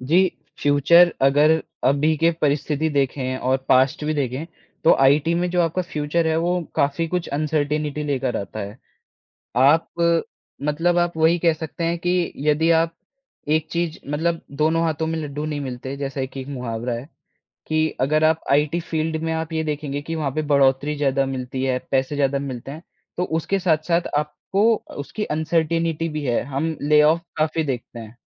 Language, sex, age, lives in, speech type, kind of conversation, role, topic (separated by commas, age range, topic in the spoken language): Hindi, male, 20-24, India, conversation, podcast, guest, Start-up join karna ya secure naukri choose karna—iss baare mein tumhari kya soch hai?